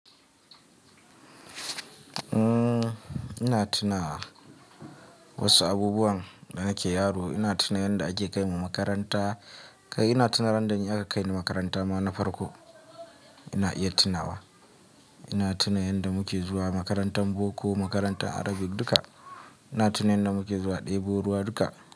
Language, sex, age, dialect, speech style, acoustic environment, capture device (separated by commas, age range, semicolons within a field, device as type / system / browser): Hausa, male, 25-34, Nigeria - Kano, relaxed, nature, mobile / Linux / Chrome